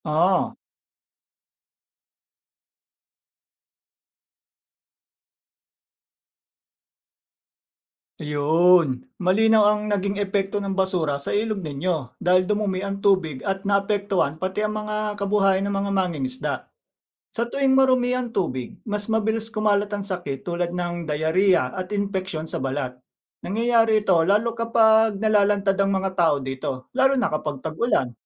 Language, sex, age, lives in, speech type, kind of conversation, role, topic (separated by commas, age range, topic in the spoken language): Filipino, male, 40-44, Philippines, read, expert-assistant, expert, Bakit mahalaga ang tamang pagtatapon ng basura?